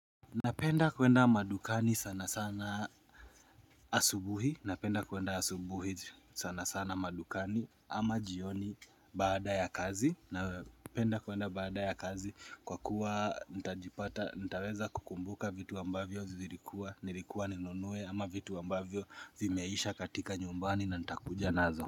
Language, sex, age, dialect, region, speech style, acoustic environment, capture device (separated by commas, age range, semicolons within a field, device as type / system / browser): Swahili, male, 25-34, Kenya - Nairobi Sheng-influenced, Kenya, happy; nervous, nature, mobile / Linux / Chrome